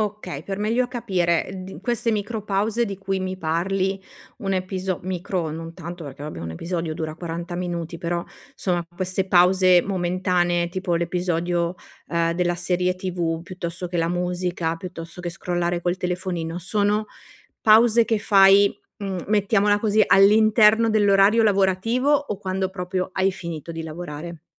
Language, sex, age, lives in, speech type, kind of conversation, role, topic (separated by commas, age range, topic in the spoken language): Italian, female, 40-44, Italy, conversation, advice, advisor, Come posso riuscire a rilassarmi a casa anche quando ho tempo libero?